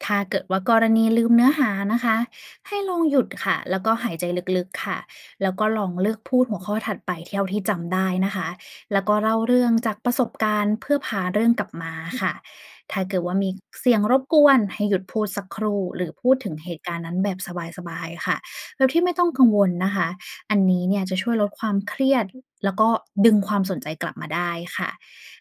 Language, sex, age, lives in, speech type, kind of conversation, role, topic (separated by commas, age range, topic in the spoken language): Thai, female, 30-34, Thailand, read, expert-assistant, expert, ทำไมเราจึงรู้สึกตื่นเต้นก่อนขึ้นเวที